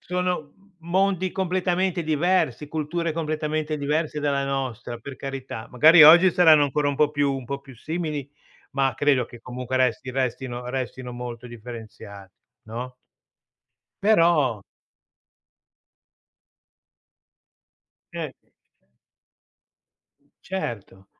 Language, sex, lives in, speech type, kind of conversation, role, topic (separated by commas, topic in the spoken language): Italian, male, Italy, conversation, unstructured, none, Qual è il ricordo più sorprendente che conservi di un viaggio?